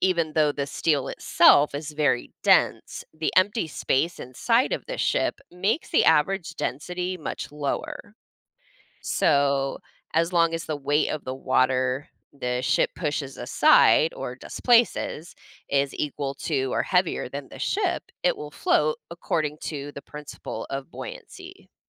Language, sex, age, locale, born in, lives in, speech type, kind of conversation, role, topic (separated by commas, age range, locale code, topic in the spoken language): English, female, 40-44, en-US, United States, United States, read, expert-assistant, expert, What determines whether objects float or sink in water?